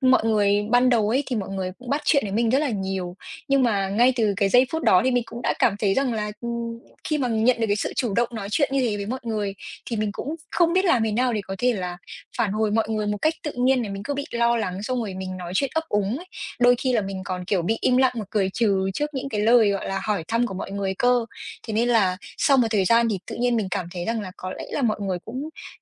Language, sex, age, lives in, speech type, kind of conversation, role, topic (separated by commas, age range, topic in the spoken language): Vietnamese, female, 20-24, Vietnam, conversation, advice, user, Làm sao để kiểm soát và giảm lo lắng khi giao tiếp với người lạ?